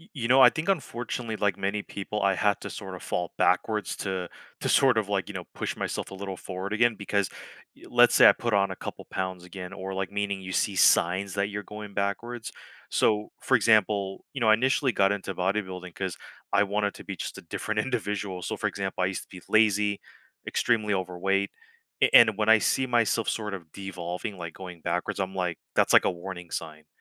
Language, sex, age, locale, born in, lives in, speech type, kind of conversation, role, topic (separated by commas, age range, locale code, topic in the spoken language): English, male, 35-39, en-US, United States, United States, conversation, podcast, guest, Why is physical activity considered an effective way to manage stress and improve mental well-being?